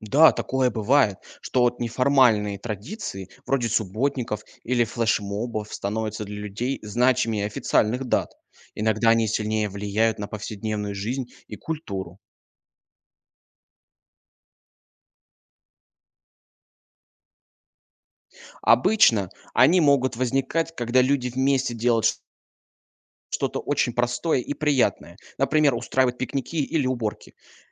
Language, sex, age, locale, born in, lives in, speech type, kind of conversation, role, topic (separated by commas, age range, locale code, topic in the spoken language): Russian, male, 20-24, ru-RU, Russia, Germany, read, expert-assistant, expert, Что бы изменилось в мире, если бы все люди вдруг перестали верить в любую религию?